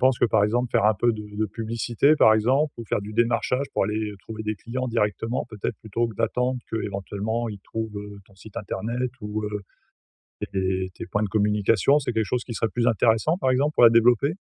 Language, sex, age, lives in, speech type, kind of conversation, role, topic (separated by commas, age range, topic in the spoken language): French, male, 45-49, France, conversation, advice, advisor, Comment épargner pour l’avenir tout en profitant du présent ?